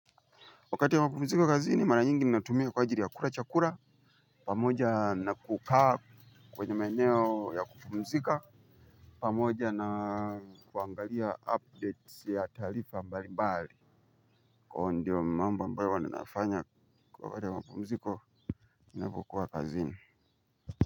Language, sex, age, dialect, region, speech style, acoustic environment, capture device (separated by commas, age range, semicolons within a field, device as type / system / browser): Swahili, male, 25-34, Tanzania - Dar es Salaam, Tanzania, happy, nature; people talking, mobile / Linux / Chrome